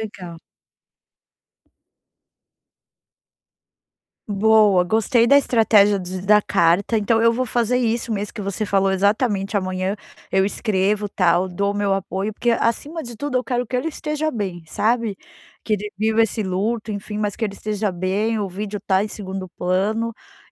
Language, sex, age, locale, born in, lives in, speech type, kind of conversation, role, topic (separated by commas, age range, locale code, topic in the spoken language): Portuguese, female, 40-44, pt-BR, Brazil, United States, conversation, advice, user, Como posso estar presente sem invadir o espaço do meu amigo?